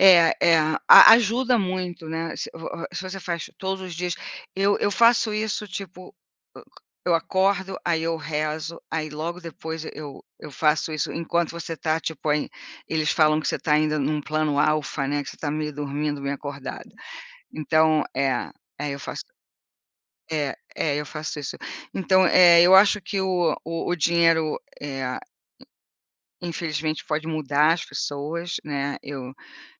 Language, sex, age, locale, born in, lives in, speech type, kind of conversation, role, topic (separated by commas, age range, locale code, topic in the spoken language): Portuguese, female, 60-64, pt-BR, Brazil, United States, conversation, unstructured, none, Você acha que o dinheiro compra felicidade? Por quê?